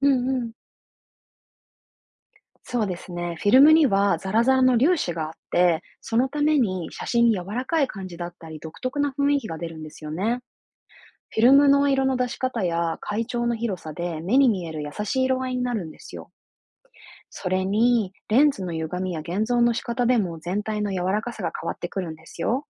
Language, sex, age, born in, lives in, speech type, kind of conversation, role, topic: Japanese, female, 30-34, Japan, Japan, read, expert-assistant, expert, なぜカメラで写真が撮れるのか説明してください。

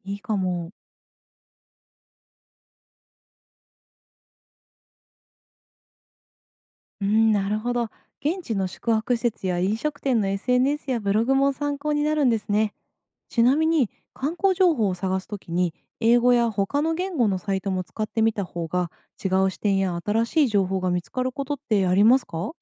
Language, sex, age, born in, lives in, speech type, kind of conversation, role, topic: Japanese, female, 30-34, Japan, Japan, read, expert-assistant, asker, 信頼できる観光情報はどのように見分けられますか？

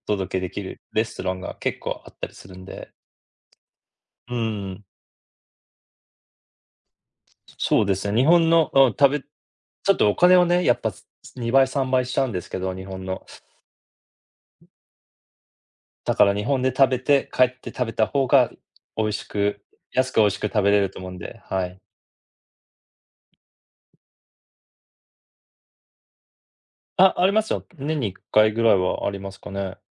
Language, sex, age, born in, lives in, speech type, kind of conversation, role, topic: Japanese, male, 40-44, Japan, United States, conversation, unstructured, none, 一番思い出に残っている食事は何ですか？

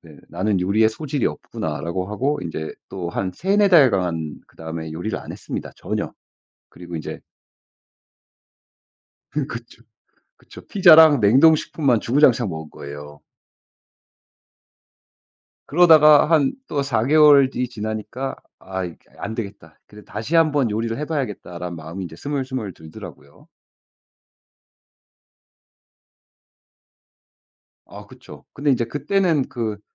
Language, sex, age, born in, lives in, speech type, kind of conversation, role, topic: Korean, male, 35-39, United States, United States, conversation, podcast, guest, 요리 실험을 하다가 가장 크게 실패했던 경험이 무엇인가요?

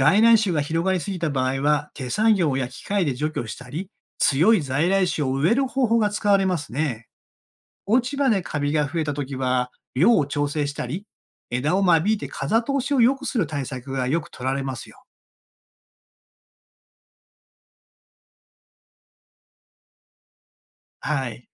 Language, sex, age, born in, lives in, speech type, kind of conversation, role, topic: Japanese, male, 60-64, Japan, Japan, read, expert-assistant, expert, 「森の木々が互いに“会話”している」という話は本当ですか？その科学的根拠や仕組みも気になります。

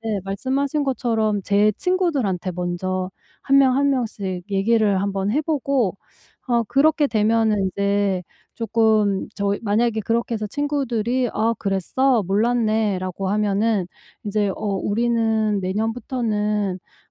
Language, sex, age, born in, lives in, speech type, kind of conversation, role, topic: Korean, female, 45-49, South Korea, United States, conversation, advice, user, 휴일 모임에서 자주 부담을 느끼는데 어떻게 하면 좋을까요?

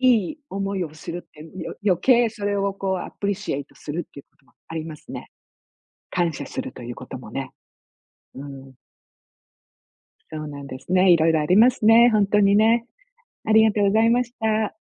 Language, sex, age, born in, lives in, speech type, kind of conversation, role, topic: Japanese, female, 60-64, Japan, United States, conversation, unstructured, none, 思い出を心に残すために、どんなことをしていますか？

